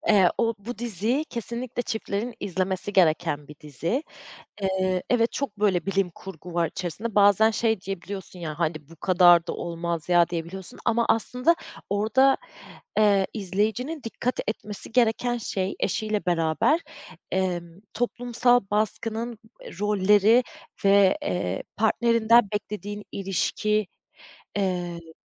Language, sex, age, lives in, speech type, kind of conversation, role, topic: Turkish, female, 30-34, Germany, conversation, podcast, guest, İzlediğin bir şey hayata bakışını değiştirdi mi?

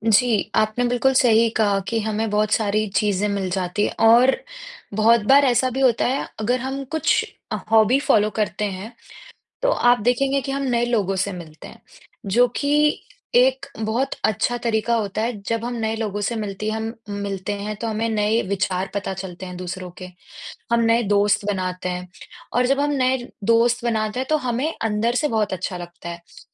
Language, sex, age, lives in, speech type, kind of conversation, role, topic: Hindi, female, 20-24, India, conversation, unstructured, none, हॉबी सीखने से आपके जीवन में क्या बदलाव आए हैं?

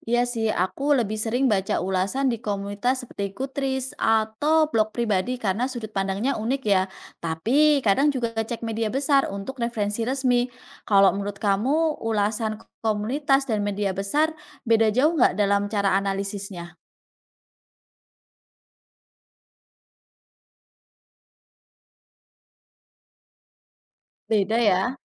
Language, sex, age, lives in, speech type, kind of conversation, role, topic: Indonesian, female, 30-34, Indonesia, read, expert-assistant, asker, Bagaimana cara tahu apakah ulasan buku yang kita baca benar-benar mendalam atau hanya sekilas saja?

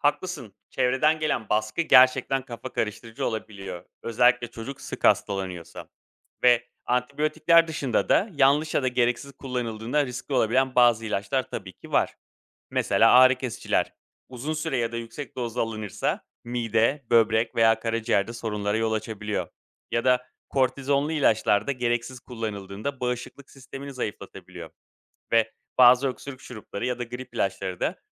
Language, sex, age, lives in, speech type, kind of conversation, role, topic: Turkish, male, 35-39, Greece, read, expert-assistant, expert, Antibiyotikler her hastalıkta kullanılmalı mı?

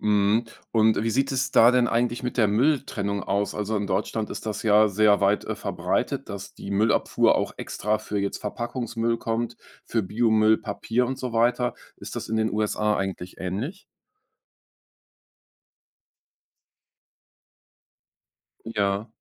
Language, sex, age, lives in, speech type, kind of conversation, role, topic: German, male, 45-49, Germany, conversation, podcast, host, Wie gehst du persönlich mit Müll und Plastik um?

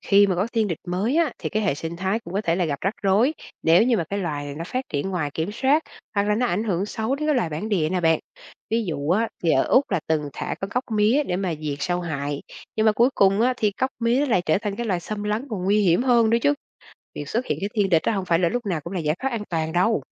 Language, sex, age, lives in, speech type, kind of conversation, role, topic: Vietnamese, female, 40-44, Vietnam, read, expert-assistant, expert, Có phải loài ngoại lai lúc nào cũng gây hại cho hệ sinh thái không, hay còn điều gì mình chưa biết?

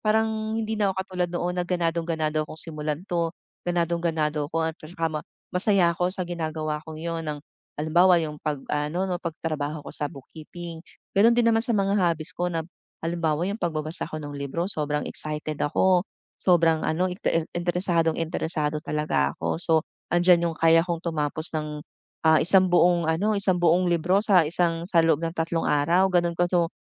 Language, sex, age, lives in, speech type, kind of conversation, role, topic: Filipino, female, 40-44, Philippines, conversation, advice, user, Paano ako magpapatuloy kapag nawawalan ako ng interes?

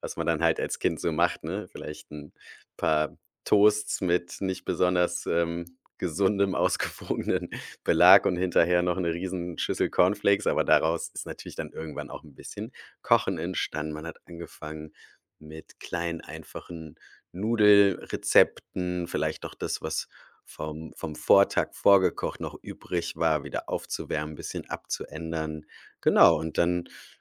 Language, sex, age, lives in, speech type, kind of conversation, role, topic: German, male, 35-39, Germany, conversation, podcast, guest, Wann hast du zum ersten Mal bewusst für dich selbst gesorgt?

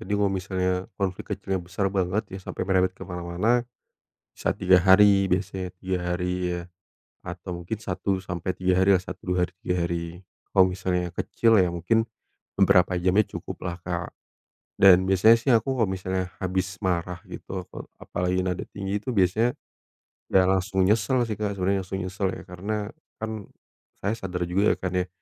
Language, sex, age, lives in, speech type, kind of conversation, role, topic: Indonesian, male, 30-34, Indonesia, conversation, advice, user, Bagaimana kelelahan memengaruhi suasana hati hingga konflik rumah tangga semakin sering terjadi?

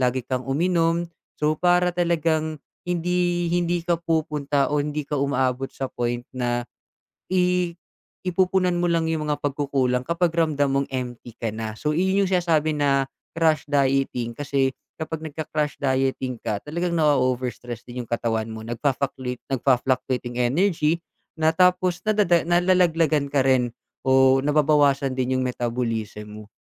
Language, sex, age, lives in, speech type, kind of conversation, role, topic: Filipino, male, 25-29, Philippines, conversation, advice, advisor, Paano ako makakapagbawas ng timbang kung palagi akong nanghihina at pabago-bago ang aking enerhiya?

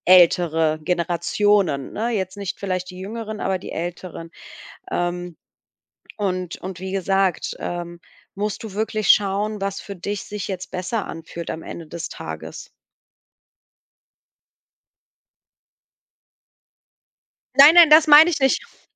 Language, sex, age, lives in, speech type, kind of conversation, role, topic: German, female, 35-39, Germany, conversation, advice, advisor, Wie kann ich mich in diesem Land sicherer im Umgang mit sozialen Normen verhalten?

